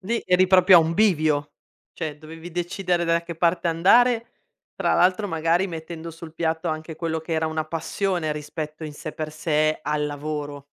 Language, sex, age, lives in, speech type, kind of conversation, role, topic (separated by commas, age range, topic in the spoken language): Italian, female, 40-44, Italy, conversation, podcast, host, Come scegli tra sicurezza e rischio quando decidi per il futuro?